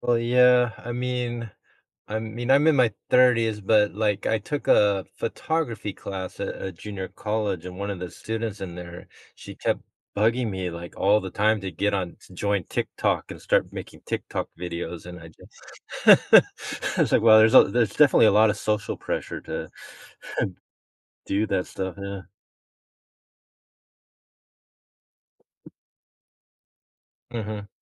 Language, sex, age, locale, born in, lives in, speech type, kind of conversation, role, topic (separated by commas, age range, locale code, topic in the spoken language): English, male, 50-54, en-US, United States, United States, conversation, podcast, host, What helps you maintain a healthy balance between technology use and offline activities?